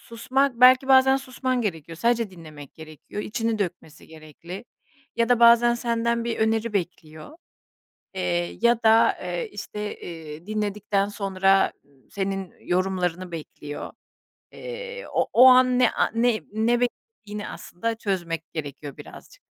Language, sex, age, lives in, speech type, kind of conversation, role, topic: Turkish, female, 40-44, Spain, conversation, podcast, guest, İyi dinlemek güveni nasıl güçlendirir? Buna dair bir örnek verebilir misin?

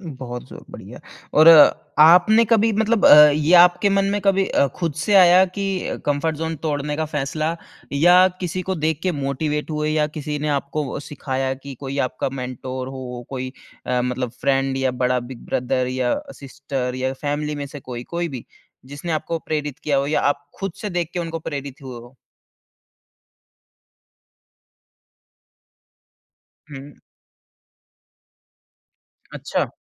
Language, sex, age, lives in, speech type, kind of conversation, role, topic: Hindi, male, 30-34, India, conversation, podcast, host, Apne aaraam ke daayre se baahar nikalne ka sabse behtareen tareeka kya hai?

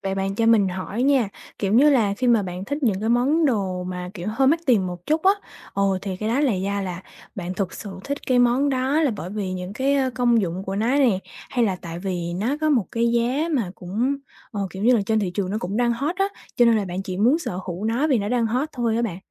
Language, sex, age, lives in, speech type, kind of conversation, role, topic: Vietnamese, female, 20-24, Vietnam, conversation, advice, advisor, Làm sao để tôi không còn thường xuyên so sánh tài sản và lối sống của mình với người khác?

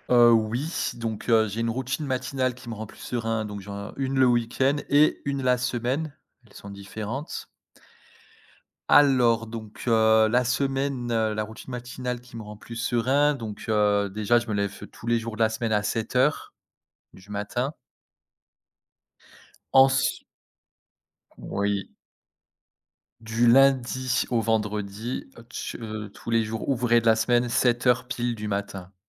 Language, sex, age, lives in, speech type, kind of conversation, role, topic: French, male, 30-34, France, conversation, podcast, guest, Quelle routine matinale te rend plus serein(e) ?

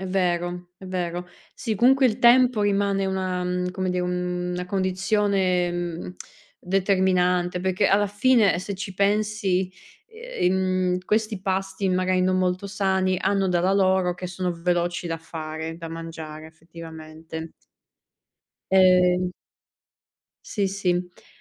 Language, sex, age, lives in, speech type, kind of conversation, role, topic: Italian, female, 30-34, Italy, conversation, unstructured, none, Pensi che mangiare sano dovrebbe essere obbligatorio per tutti?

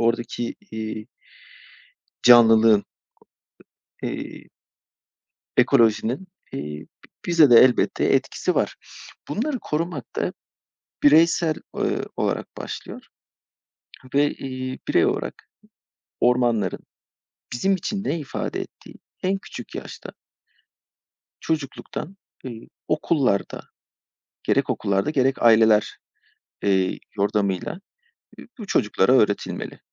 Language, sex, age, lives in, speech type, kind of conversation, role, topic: Turkish, male, 35-39, Poland, conversation, unstructured, none, Ormanların yok olması bizi nasıl etkiler?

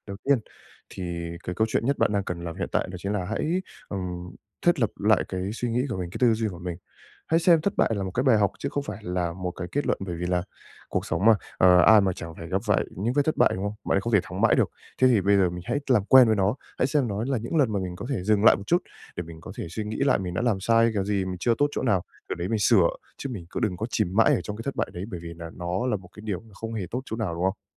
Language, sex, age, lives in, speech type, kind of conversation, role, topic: Vietnamese, male, 20-24, Japan, conversation, advice, advisor, Làm sao để vượt qua nỗi sợ thất bại trong cuộc sống?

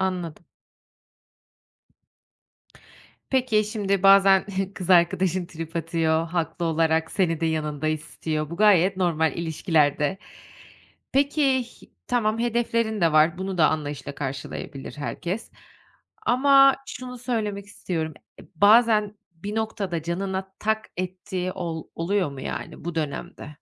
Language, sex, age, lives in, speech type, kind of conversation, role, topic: Turkish, female, 30-34, Netherlands, conversation, podcast, host, İş-özel hayat dengesi sana nasıl hissettiriyor?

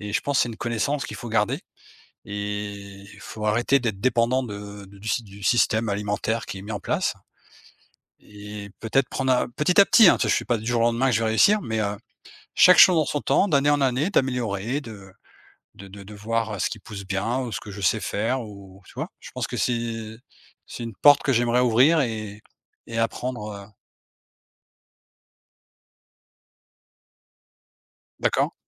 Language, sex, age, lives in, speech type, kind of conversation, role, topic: French, male, 45-49, Portugal, conversation, unstructured, none, Comment imagines-tu ton travail idéal dans cinq ans ?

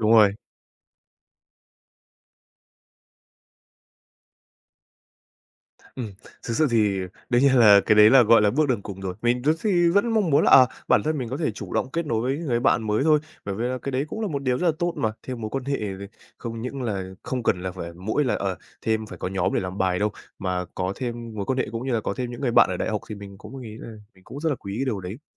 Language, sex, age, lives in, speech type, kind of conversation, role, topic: Vietnamese, male, 18-19, Vietnam, conversation, advice, user, Làm sao vượt qua nỗi sợ bị từ chối khi chủ động kết nối với người quen mới?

